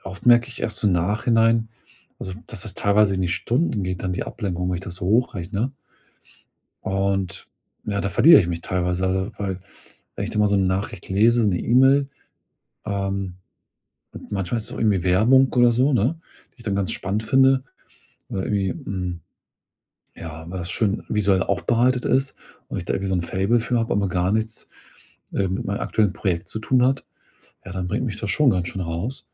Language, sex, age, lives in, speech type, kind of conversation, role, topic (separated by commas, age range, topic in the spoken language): German, male, 40-44, Germany, conversation, advice, user, Wie kann ich Ablenkungen reduzieren und meinen Fokus langfristig stärken?